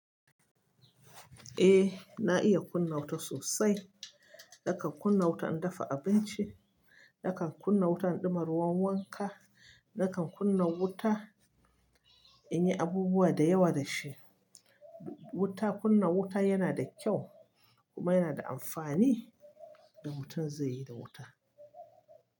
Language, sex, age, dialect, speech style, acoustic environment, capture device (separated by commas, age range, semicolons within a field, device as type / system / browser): Hausa, male, 25-34, Nigeria - Kano, relaxed, silence, mobile / Linux / Chrome